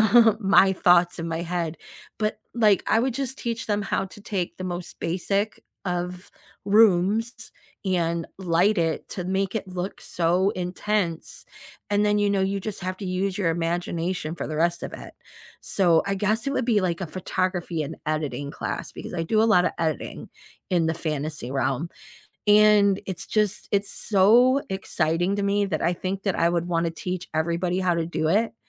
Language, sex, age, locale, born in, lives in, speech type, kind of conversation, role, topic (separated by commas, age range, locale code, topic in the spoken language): English, female, 50-54, en-US, United States, United States, conversation, unstructured, none, What would your dream class teach, why would it matter to you, and who would benefit from it?